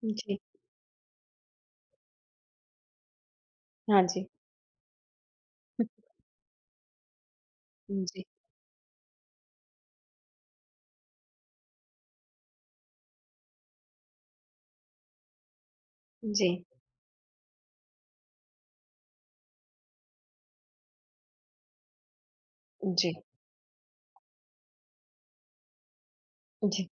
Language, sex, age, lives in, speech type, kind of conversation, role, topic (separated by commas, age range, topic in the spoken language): Hindi, female, 30-34, India, conversation, podcast, host, पहाड़ों में सुबह की सैर ने आपका नज़रिया कैसे बदला?